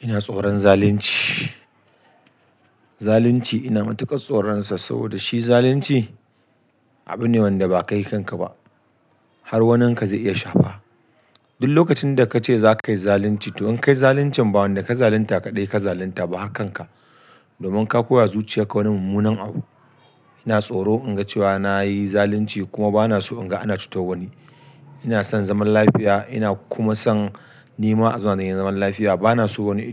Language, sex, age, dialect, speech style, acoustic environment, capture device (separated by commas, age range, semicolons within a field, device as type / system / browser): Hausa, male, 35-44, Nigeria - Kano, happy; excited, people talking; music; nature, desktop / Linux / Chrome